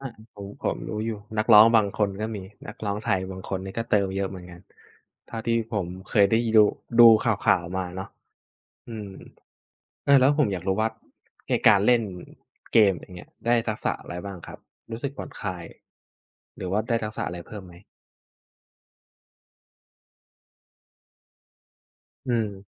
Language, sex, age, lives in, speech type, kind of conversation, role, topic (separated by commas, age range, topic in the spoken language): Thai, male, 20-24, Thailand, conversation, unstructured, none, งานอดิเรกอะไรที่ทำให้คุณรู้สึกผ่อนคลายและสนุกไปพร้อมกัน?